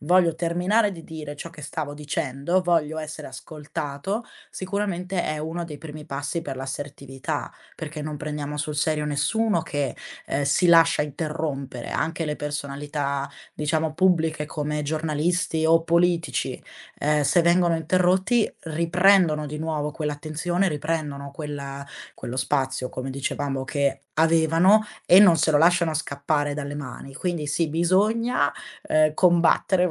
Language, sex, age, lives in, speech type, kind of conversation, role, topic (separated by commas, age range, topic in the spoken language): Italian, female, 20-24, Italy, conversation, podcast, guest, Qual è il primo piccolo passo che posso fare per diventare più assertivo?